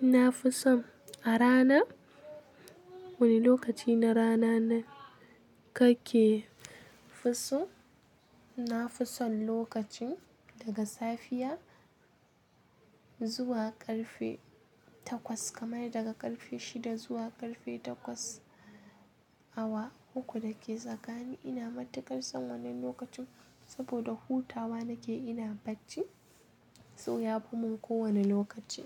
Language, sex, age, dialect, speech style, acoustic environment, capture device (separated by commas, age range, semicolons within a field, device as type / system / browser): Hausa, female, 18-24, Nigeria - Kano, happy, nature, mobile / Linux / Chrome